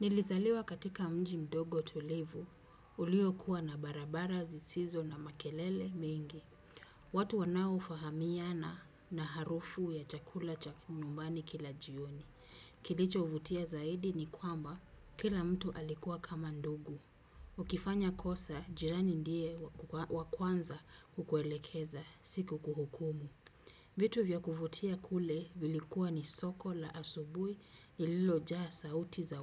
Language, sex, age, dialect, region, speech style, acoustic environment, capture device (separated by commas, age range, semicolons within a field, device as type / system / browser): Swahili, female, 35-44, Kenya - Mombasa, Kenya, happy, silence, desktop / Windows / Chrome